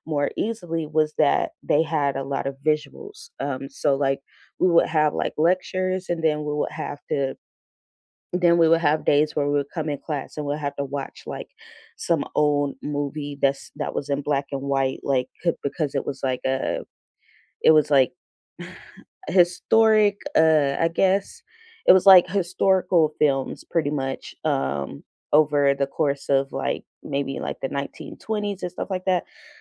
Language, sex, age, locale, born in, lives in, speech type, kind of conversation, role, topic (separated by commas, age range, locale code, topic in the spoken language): English, female, 30-34, en-US, United States, United States, conversation, unstructured, none, What learning style helps you most—visuals, hands-on practice, or listening—and how has it shaped you?